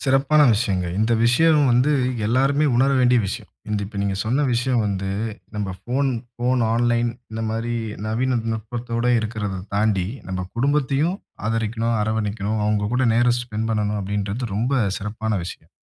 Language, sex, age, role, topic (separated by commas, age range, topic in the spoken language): Tamil, male, 25-29, host, ஆன்லைனில் உங்கள் தனியுரிமையை எளிதாக எப்படி பாதுகாப்பீர்கள்?